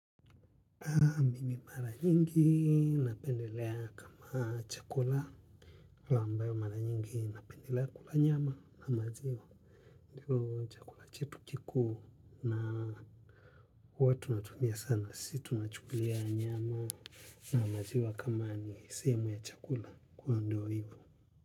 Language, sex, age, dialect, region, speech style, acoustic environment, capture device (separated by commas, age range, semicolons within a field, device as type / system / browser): Swahili, male, 25-34, Tanzania - Dar es Salaam, Tanzania, relaxed, people talking, mobile / Linux / Chrome